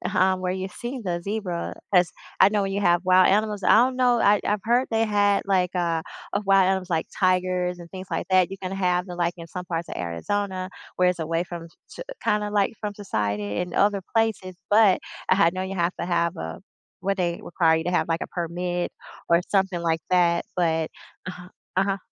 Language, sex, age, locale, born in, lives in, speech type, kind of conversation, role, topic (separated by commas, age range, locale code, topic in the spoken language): English, female, 45-49, en-US, United States, United States, conversation, unstructured, none, What is the most surprising animal encounter you have ever had?